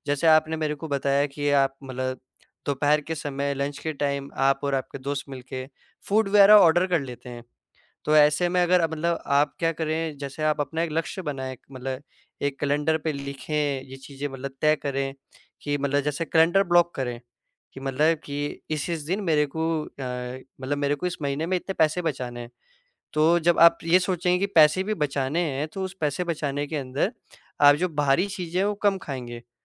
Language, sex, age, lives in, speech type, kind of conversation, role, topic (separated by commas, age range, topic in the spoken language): Hindi, male, 18-19, India, conversation, advice, advisor, दैनिक काम के बीच स्वस्थ खाने का समय न मिलना